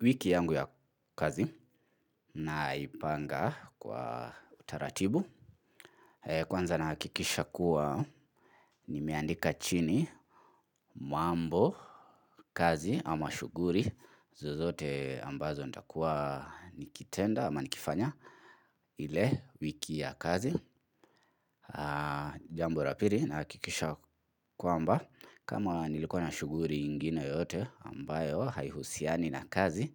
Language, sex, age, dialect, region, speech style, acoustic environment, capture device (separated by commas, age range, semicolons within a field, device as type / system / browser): Swahili, male, 25-34, Kenya - Nairobi Sheng-influenced, Kenya, focused, silence, mobile / Linux / Chrome